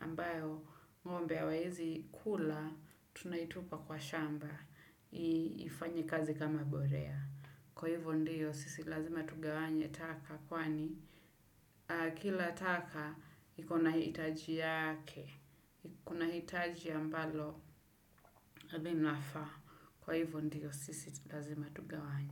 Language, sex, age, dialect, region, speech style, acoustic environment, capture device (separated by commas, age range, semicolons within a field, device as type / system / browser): Swahili, female, 25-34, Kenya - Nairobi Sheng-influenced, Kenya, focused, silence, desktop / Windows / Chrome